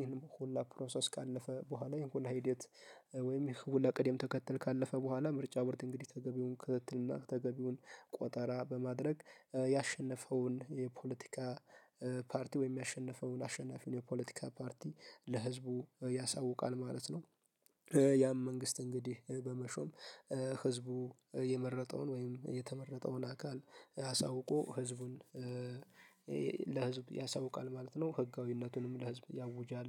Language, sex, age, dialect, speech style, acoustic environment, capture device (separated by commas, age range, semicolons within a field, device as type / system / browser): Amharic, male, 18-24, Ethiopia - Addis Ababa, focused; happy, nature; silence, mobile / Linux / Chrome